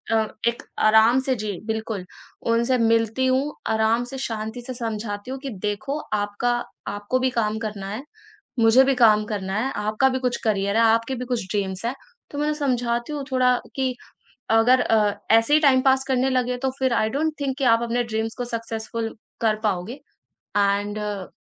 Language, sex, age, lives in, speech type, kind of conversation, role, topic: Hindi, female, 20-24, India, conversation, podcast, guest, Boundary batate waqt tum politely kaise baat karte ho?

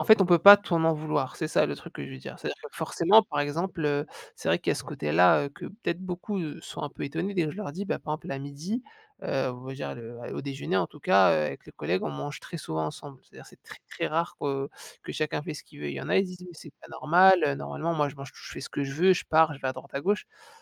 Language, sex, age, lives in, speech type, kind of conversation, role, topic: French, male, 35-39, France, conversation, podcast, guest, Comment gères-tu le stress d’un grand virage professionnel ?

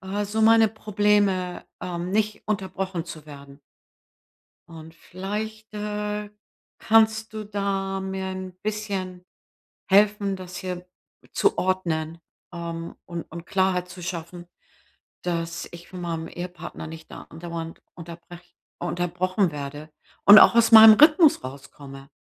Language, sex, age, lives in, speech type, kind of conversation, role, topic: German, female, 65-69, United States, conversation, advice, user, Wie kann ich besser mit unerwarteten Unterbrechungen im Alltag umgehen?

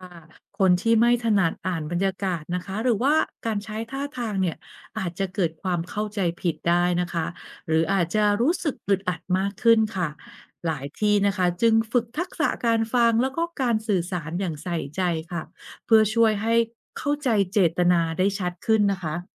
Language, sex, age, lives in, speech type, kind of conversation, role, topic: Thai, female, 45-49, Thailand, read, expert-assistant, expert, ถ้าภาษาไทยไม่มีระดับภาษา เช่น คำสุภาพหรือสรรพนามที่แสดงความเคารพ สังคมไทยจะเปลี่ยนไปอย่างไรบ้าง?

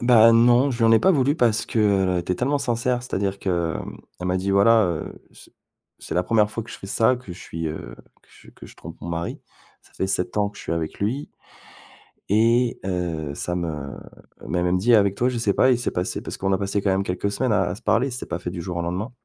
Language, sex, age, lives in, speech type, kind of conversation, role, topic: French, male, 40-44, France, conversation, advice, user, Comment décririez-vous un sentiment de honte ou de culpabilité qui ne s’atténue pas ?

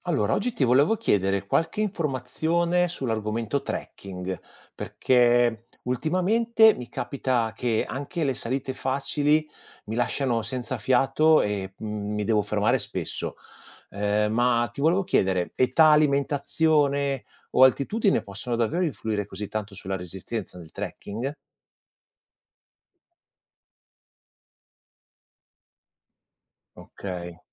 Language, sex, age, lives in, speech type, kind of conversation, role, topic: Italian, male, 45-49, Italy, read, expert-assistant, asker, Quali fattori influiscono sulla resistenza durante il trekking?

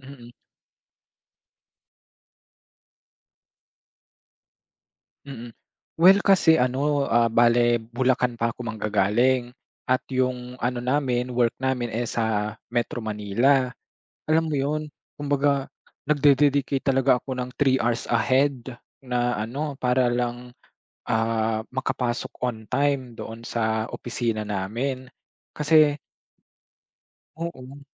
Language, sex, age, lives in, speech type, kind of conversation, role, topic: Filipino, male, 25-29, Philippines, conversation, podcast, guest, Paano mo sinasabayan ang mga bagong pagbabago sa trabaho at sa pamilya?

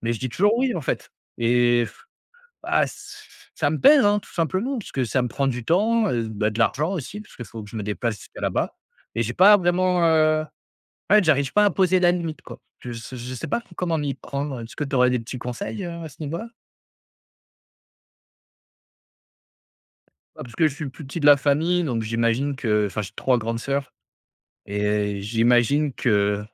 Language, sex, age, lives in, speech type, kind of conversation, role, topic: French, male, 25-29, France, conversation, advice, user, Pourquoi ai-je du mal à poser des limites et à dire non ?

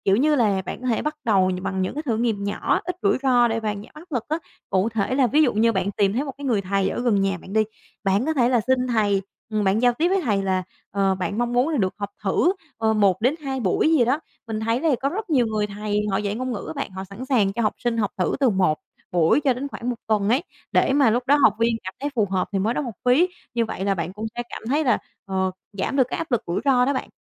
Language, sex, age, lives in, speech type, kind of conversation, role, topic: Vietnamese, female, 25-29, Vietnam, conversation, advice, advisor, Làm thế nào để tôi dám bắt đầu học một kỹ năng mới khi luôn sợ thất bại?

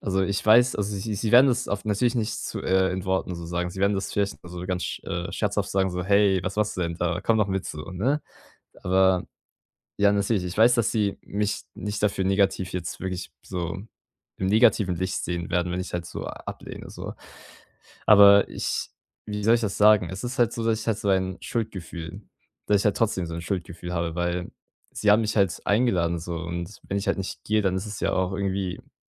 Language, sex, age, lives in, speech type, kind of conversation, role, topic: German, male, 18-19, Germany, conversation, advice, user, Wie kann ich weniger Angst vor Kritik und sozialer Ablehnung haben?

